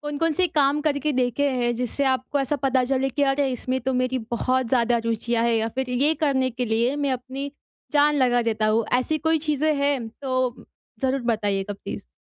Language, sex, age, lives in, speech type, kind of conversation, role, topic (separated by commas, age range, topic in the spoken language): Hindi, female, 20-24, India, conversation, advice, advisor, मैं अपनी असली रुचियाँ और जुनून कैसे पहचान सकता/सकती हूँ?